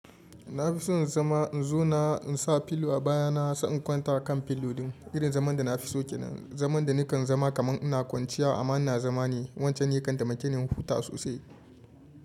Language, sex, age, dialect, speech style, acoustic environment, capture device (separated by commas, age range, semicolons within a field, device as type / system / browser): Hausa, male, 25-34, Nigeria - Kano, happy, music; traffic, mobile / Linux / Chrome